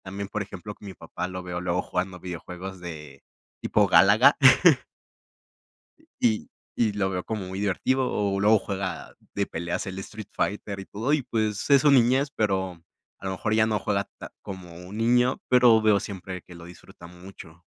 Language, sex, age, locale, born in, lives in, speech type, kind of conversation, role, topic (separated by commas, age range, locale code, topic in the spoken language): Spanish, male, 20-24, es-MX, Mexico, Mexico, conversation, podcast, guest, ¿Cómo le enseñarías a alguien a disfrutar del juego?